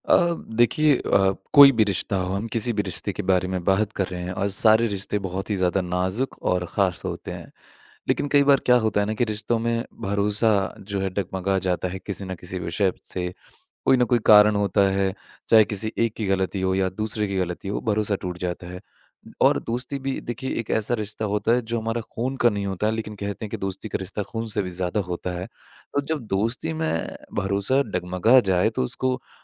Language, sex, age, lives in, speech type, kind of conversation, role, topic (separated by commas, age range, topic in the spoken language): Hindi, male, 25-29, India, conversation, podcast, host, दोस्ती में भरोसा फिर से कैसे बनाया जा सकता है?